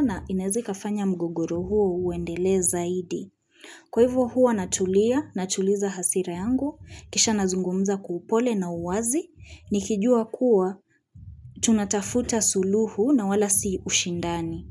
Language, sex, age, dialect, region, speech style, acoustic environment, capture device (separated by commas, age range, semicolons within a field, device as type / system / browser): Swahili, female, 25-34, Kenya - Nairobi Sheng-influenced, Kenya, relaxed, appliances; music, mobile / Linux / Chrome